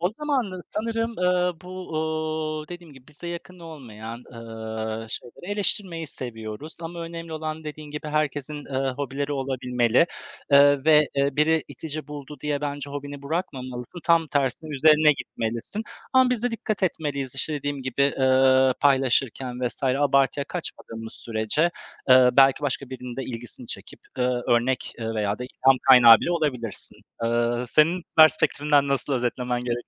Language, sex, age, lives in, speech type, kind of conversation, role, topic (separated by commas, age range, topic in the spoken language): Turkish, male, 35-39, Poland, conversation, unstructured, none, Sence bazı hobiler insanları neden itici gösterir?